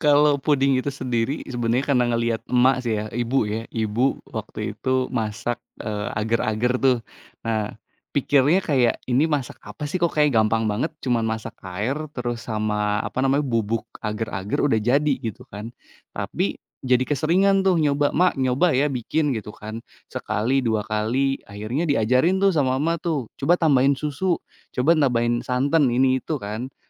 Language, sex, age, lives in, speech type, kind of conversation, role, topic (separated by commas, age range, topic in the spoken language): Indonesian, male, 30-34, Indonesia, conversation, podcast, guest, Apa yang paling kamu nikmati dari memasak kreatif?